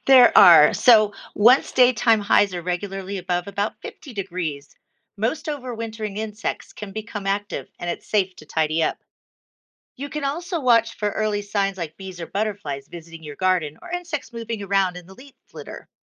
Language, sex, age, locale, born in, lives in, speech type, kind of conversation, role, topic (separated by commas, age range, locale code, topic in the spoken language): English, female, 55-59, en-US, United States, United States, read, expert-assistant, expert, Describe a neighborhood where every yard is turned into a mini wildlife habitat.